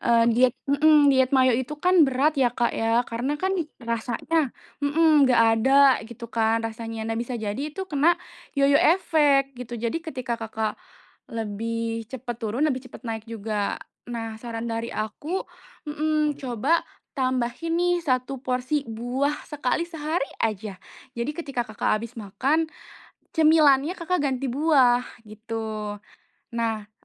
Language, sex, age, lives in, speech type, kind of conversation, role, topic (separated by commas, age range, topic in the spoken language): Indonesian, female, 25-29, Indonesia, conversation, advice, advisor, Bagaimana cara membiasakan makan sehat jika saya sulit mempertahankan kebiasaan makan sehat?